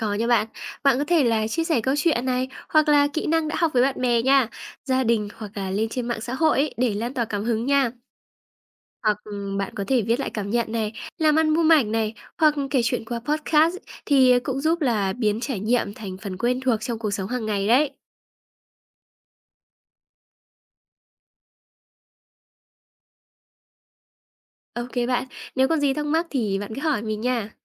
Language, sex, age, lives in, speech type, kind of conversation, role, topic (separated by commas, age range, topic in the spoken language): Vietnamese, female, 20-24, Vietnam, read, expert-assistant, expert, Làm thế nào để lên kế hoạch du lịch giúp phát triển bản thân và mở rộng thế giới quan?